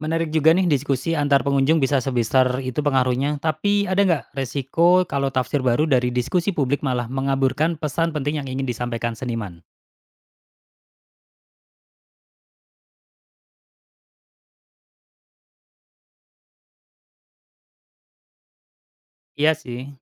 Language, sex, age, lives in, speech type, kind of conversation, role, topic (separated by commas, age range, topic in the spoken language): Indonesian, male, 35-39, Indonesia, read, expert-assistant, asker, Bagaimana cara kerja kritik seni dalam membantu kita melihat makna tersembunyi di balik sebuah lukisan?